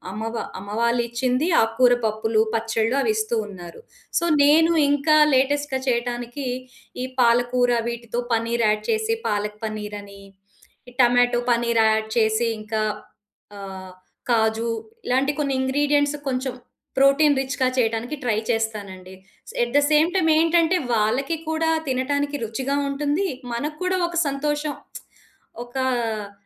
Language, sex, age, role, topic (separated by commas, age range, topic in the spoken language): Telugu, female, 40-44, guest, మీ వంశంలో తరతరాలుగా వచ్చే వంటల్లో మీకు అత్యంత ఇష్టమైన వంటకం ఏది?